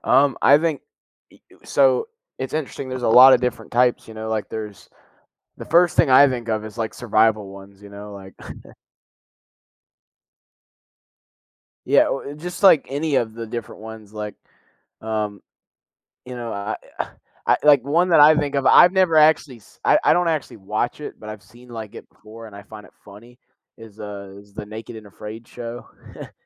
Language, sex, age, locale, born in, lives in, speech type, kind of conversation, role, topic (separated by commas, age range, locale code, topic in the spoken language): English, male, 18-19, en-US, United States, United States, conversation, unstructured, none, Why do you think reality TV has become such a big part of our culture?